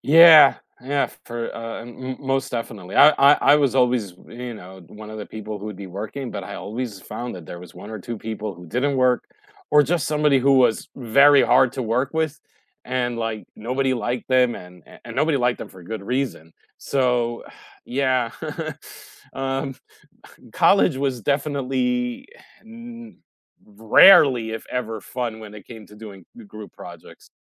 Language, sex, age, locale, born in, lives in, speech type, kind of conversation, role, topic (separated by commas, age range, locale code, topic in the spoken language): English, male, 45-49, en-US, United States, United States, conversation, unstructured, none, What are your favorite group project successes, funny failures, and lessons learned?